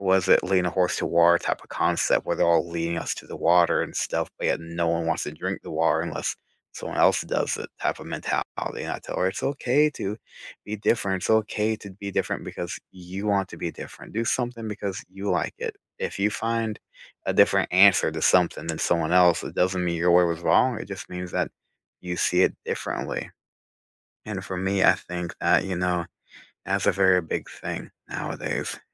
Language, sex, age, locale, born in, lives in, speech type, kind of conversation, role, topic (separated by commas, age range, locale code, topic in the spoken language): English, male, 30-34, en-US, United States, United States, conversation, unstructured, none, How do you most enjoy learning something new, and what kind of support helps you?